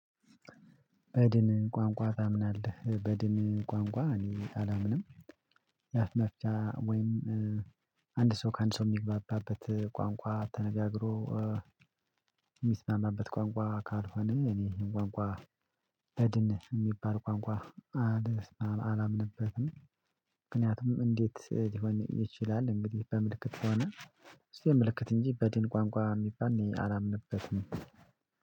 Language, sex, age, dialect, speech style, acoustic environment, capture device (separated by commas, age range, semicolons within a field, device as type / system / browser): Amharic, male, 25-34, Ethiopia - Addis Ababa, excited, silence, mobile / Linux / Chrome